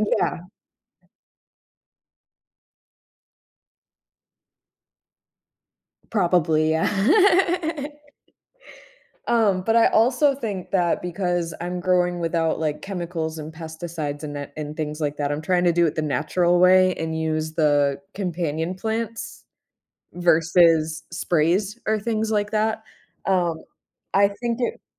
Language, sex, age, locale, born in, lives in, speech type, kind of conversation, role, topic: English, female, 30-34, en-US, United States, United States, conversation, podcast, guest, Why do so many people find gardening rewarding and meaningful?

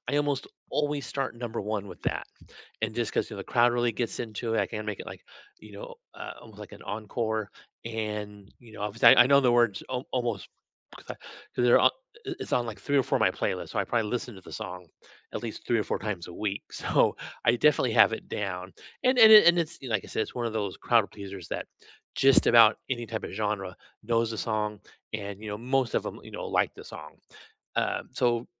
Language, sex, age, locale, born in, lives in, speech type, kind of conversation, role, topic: English, male, 50-54, en-US, United States, United States, conversation, unstructured, none, Which karaoke songs are your go-to guaranteed hits, and what memories or reasons make them your favorites?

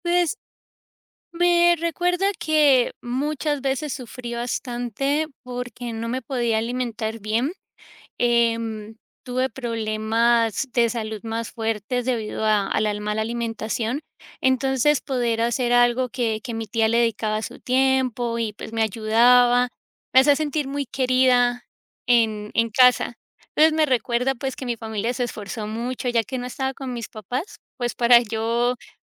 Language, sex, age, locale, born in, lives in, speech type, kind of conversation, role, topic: Spanish, female, 35-39, es-CO, United States, United States, conversation, podcast, guest, ¿Tienes algún recuerdo de infancia ligado a una comida?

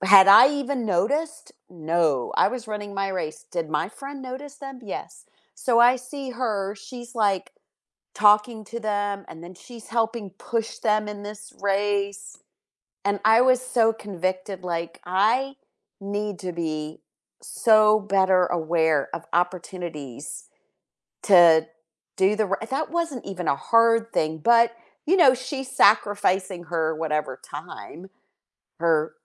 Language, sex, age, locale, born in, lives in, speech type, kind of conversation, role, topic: English, female, 55-59, en-US, United States, United States, conversation, unstructured, none, Why can making the right choice sometimes feel so challenging?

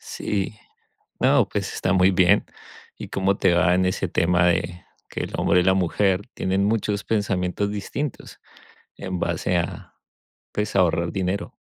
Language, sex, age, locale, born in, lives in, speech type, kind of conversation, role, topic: Spanish, male, 40-44, es-CO, Colombia, United States, conversation, unstructured, none, ¿Cuál es tu forma favorita de ahorrar dinero?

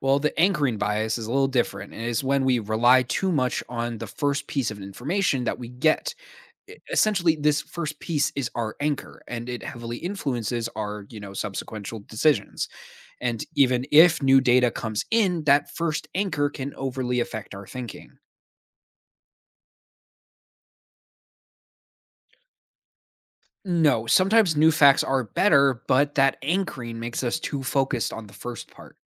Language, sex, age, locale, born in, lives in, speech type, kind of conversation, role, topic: English, male, 20-24, en-US, United States, United States, read, expert-assistant, expert, What are common cognitive biases in decision-making?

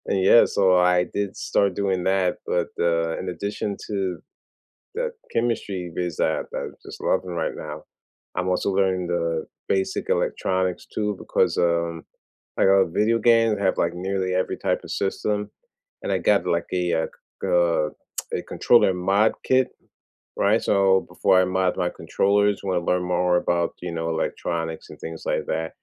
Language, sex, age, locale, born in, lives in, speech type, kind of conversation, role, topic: English, male, 40-44, en-US, United States, United States, conversation, unstructured, none, What was your favorite subject in school then, what is it now, and why?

